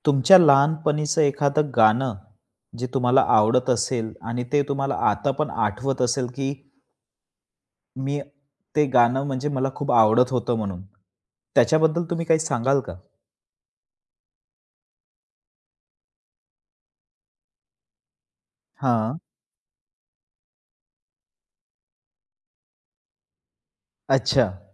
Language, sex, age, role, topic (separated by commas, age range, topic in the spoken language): Marathi, male, 25-29, host, लहानपणी ऐकलेलं गाणं आजही आपल्याला का आठवत राहतं?